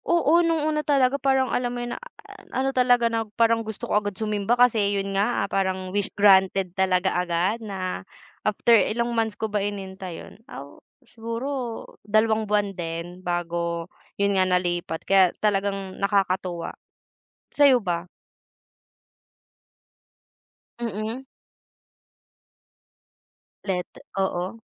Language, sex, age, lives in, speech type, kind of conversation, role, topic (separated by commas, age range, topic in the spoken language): Filipino, female, 20-24, Philippines, conversation, unstructured, none, Ano ang pinakabagong balitang nagpasaya sa iyo kamakailan?